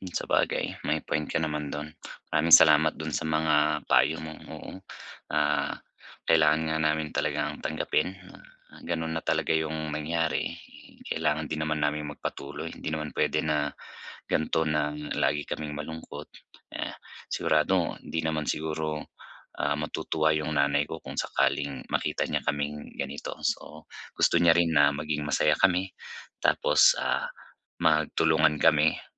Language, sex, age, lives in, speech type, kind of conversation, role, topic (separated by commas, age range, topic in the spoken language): Filipino, male, 35-39, Philippines, conversation, advice, user, Paano ko muling mabubuo ang sarili ko matapos masira ang mga plano?